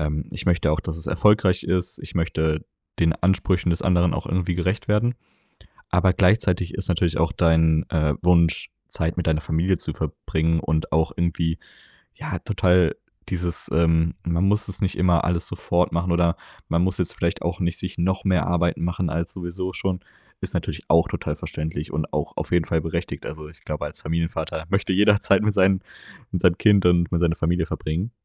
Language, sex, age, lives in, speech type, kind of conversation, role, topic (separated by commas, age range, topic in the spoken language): German, male, 20-24, Germany, conversation, advice, advisor, Wie kann ich im Job klar Nein sagen, ohne mich danach schuldig zu fühlen?